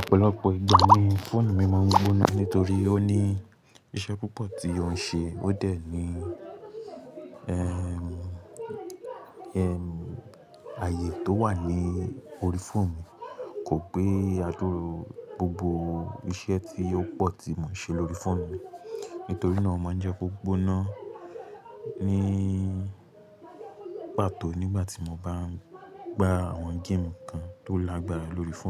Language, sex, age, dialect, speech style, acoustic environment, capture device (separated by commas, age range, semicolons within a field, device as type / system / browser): Yoruba, male, 18-24, Nigeria - Lagos Yoruba, relaxed, nature; music, mobile / Linux / Chrome